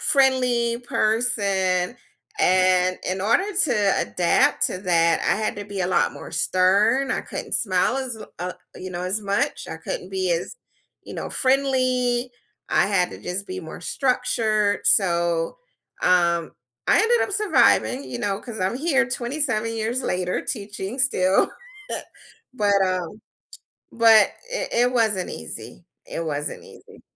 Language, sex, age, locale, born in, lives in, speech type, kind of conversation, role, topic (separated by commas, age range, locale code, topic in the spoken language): English, female, 50-54, en-US, United States, United States, conversation, unstructured, none, What was the biggest surprise you encountered in your first job?